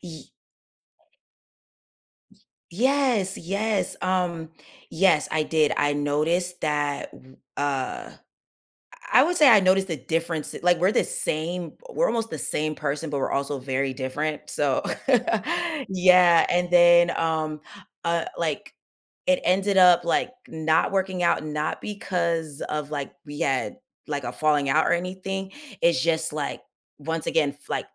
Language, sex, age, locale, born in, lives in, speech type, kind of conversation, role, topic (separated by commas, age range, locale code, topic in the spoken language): English, female, 30-34, en-US, United States, United States, conversation, podcast, guest, How has overcoming a difficult experience shaped who you are today?